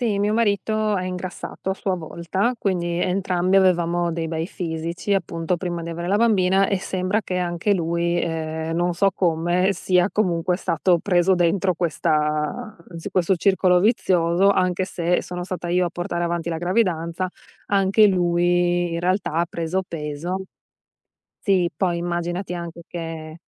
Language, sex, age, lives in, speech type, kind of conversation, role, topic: Italian, female, 35-39, United States, conversation, advice, user, Come posso ritrovare la motivazione per raggiungere i miei obiettivi di perdita di peso?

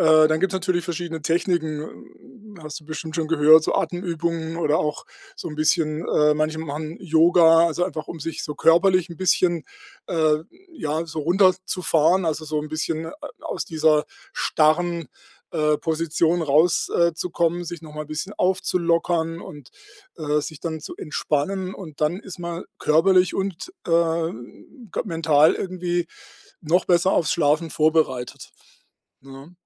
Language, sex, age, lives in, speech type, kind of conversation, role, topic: German, male, 60-64, Germany, conversation, advice, advisor, Wie kann ich abends besser abschalten und entspannen?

